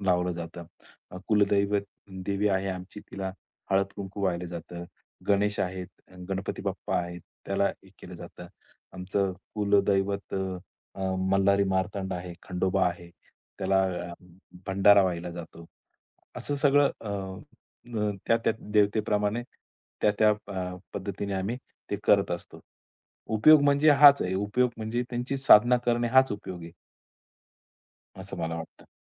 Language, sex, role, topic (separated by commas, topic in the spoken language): Marathi, male, guest, घरातील पूजा-विधी किंवा लहान धार्मिक कर्म कसे केले जातात?